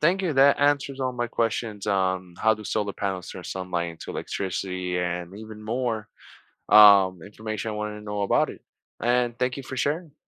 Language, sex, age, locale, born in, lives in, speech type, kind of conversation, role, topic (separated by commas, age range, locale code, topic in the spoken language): English, male, 40-44, en-US, United States, United States, read, expert-assistant, asker, How do solar panels work and what should you know about using them at home?